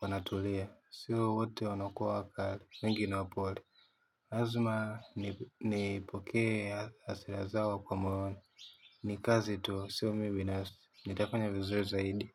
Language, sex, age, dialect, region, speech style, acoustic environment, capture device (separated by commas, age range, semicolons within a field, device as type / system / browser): Swahili, male, 18-24, Tanzania - Dar es Salaam, Tanzania, happy; excited, silence, mobile / Linux / Chrome